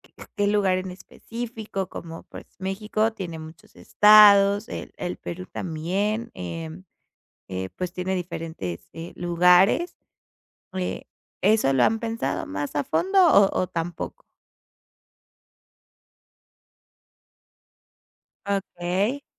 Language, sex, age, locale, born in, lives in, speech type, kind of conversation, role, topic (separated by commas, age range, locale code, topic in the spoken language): Spanish, female, 25-29, es-MX, Mexico, Mexico, conversation, advice, advisor, ¿Cómo puedo organizar mis vacaciones para reducir el estrés?